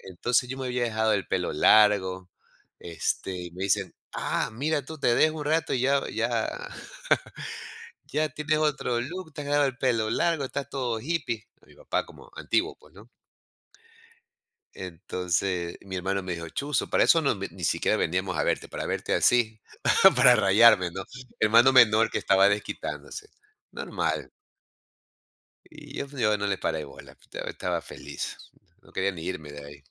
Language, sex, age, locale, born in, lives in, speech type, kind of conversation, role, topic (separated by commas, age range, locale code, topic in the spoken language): Spanish, male, 55-59, es-EC, Ecuador, United States, conversation, podcast, guest, ¿Recuerdas la primera vez que estuviste lejos de casa?